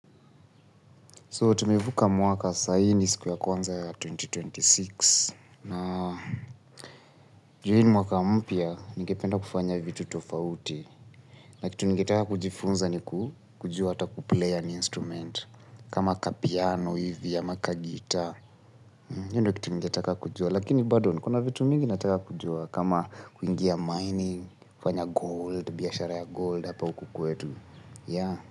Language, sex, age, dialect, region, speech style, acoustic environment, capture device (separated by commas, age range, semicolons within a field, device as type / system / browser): Swahili, male, 25-34, Kenya - Nairobi Sheng-influenced, Kenya, relaxed, people talking, mobile / Linux / Chrome